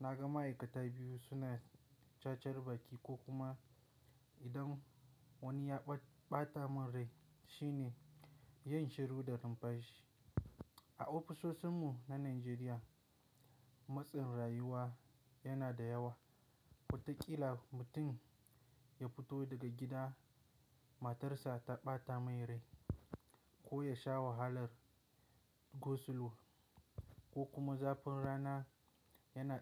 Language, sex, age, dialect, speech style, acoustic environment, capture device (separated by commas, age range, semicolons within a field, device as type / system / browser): Hausa, male, 18-24, Nigeria - Kano, relaxed, nature, mobile / Linux / Chrome